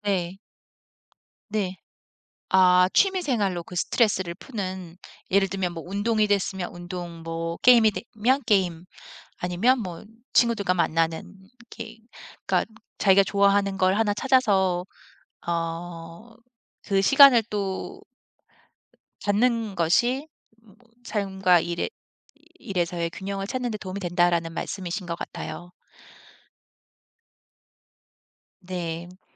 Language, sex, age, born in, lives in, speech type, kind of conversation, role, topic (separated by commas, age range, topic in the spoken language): Korean, female, 40-44, South Korea, United States, conversation, podcast, host, 일과 삶의 균형을 어떻게 맞추나요?